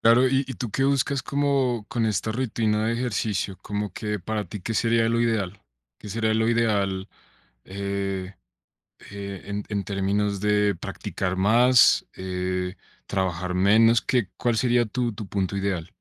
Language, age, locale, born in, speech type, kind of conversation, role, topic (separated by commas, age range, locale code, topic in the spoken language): Spanish, 30-34, es-CO, Colombia, conversation, advice, advisor, ¿Cómo puedo encontrar un buen equilibrio entre entrenar duro y descansar lo suficiente?